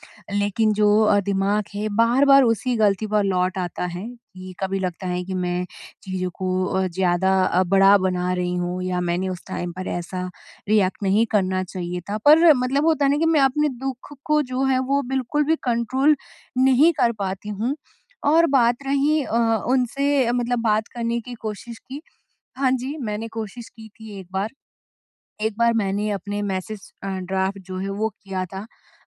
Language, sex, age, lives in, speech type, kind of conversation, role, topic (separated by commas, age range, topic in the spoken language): Hindi, female, 30-34, India, conversation, advice, user, मैं कैसे किसी शर्मनाक पल के बाद आगे बढ़ूँ?